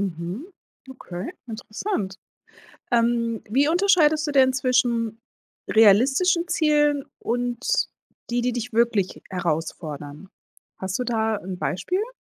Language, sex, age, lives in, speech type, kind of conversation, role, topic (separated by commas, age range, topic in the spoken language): German, female, 40-44, United States, conversation, podcast, host, Wie setzt du dir Ziele, die dich wachsen lassen?